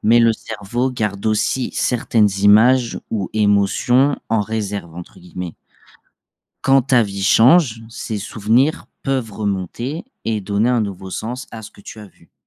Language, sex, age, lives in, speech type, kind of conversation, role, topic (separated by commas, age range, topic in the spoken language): French, male, 25-29, France, read, expert-assistant, expert, Pourquoi l’art émeut-il différemment chaque personne ?